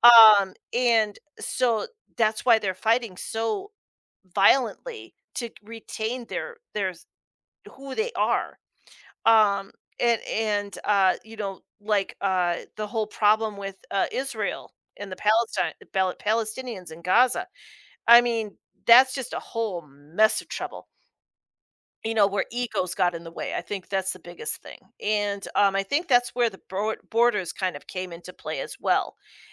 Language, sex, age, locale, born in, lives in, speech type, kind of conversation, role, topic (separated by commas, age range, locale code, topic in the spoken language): English, female, 45-49, en-US, United States, United States, conversation, podcast, host, How can learning from the past help us navigate today’s world?